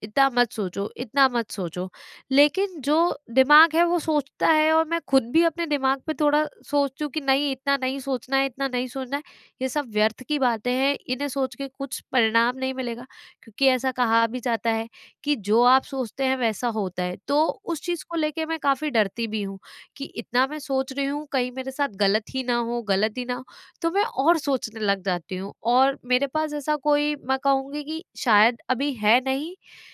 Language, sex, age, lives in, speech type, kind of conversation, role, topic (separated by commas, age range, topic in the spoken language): Hindi, female, 25-29, India, conversation, advice, user, मैं दुनिया की घटनाओं से होने वाली चिंता को कम करके दैनिक जीवन में भावनात्मक स्थिरता कैसे बनाए रखूँ?